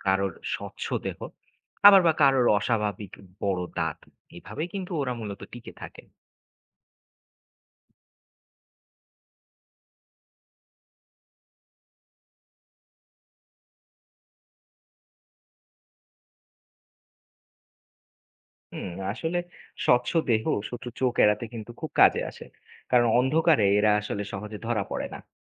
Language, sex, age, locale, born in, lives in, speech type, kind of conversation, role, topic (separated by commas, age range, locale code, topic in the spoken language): Bengali, male, 25-29, bn-BD, Bangladesh, Bangladesh, read, expert-assistant, expert, সমুদ্রের গভীরে প্রাণীরা কীভাবে বাঁচে, সেখানে আলো না থাকলে তারা কীভাবে টিকে থাকে?